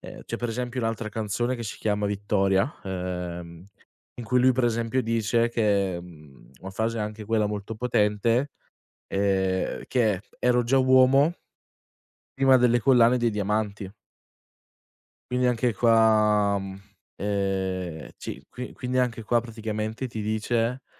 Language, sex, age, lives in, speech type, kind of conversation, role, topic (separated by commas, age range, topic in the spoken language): Italian, male, 20-24, Italy, conversation, podcast, guest, Quale brano ti fa sentire meno solo?